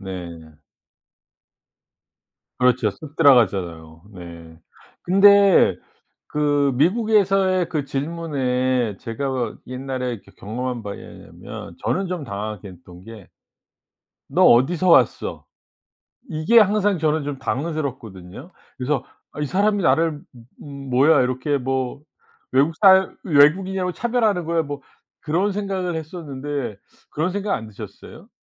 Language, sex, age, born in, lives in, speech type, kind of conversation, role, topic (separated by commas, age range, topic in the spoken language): Korean, male, 55-59, South Korea, United States, conversation, podcast, host, 새 동네로 이사 왔을 때 사람들을 어떻게 자연스럽게 만날 수 있을까요?